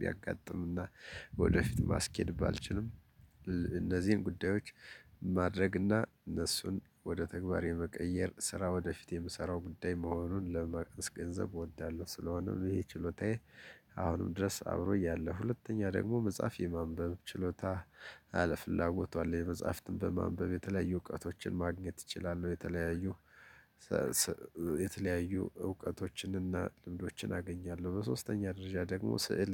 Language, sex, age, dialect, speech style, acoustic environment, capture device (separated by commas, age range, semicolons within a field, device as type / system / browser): Amharic, male, 35-44, Ethiopia - Gojjam, happy; relaxed; focused, nature, desktop / Linux / Chrome